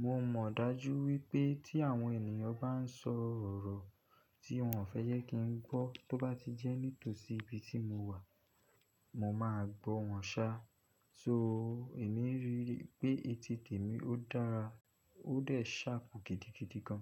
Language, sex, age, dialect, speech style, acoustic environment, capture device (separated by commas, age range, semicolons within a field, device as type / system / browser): Yoruba, male, 25-34, Nigeria - Lagos Yoruba, focused; nervous; relaxed, silence; nature, desktop / Linux / Chrome